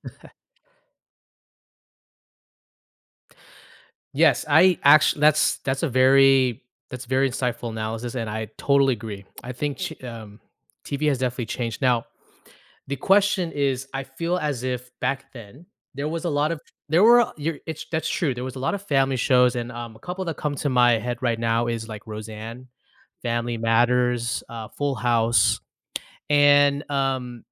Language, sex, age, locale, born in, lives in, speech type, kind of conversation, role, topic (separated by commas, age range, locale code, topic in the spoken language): English, male, 35-39, en-US, United States, United States, conversation, podcast, host, How have advances in technology and changing viewer habits shaped the way we watch television today?